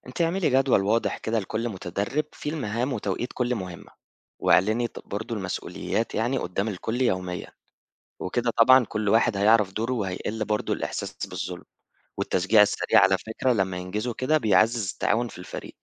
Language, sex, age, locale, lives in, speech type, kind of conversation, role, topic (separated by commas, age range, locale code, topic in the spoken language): Arabic, male, 25-29, ar-EG, Egypt, read, expert-assistant, expert, إزاي أقدر أنظم وقتي وأدير مزرعتي الصغيرة علشان أحصل على إنتاج أحسن؟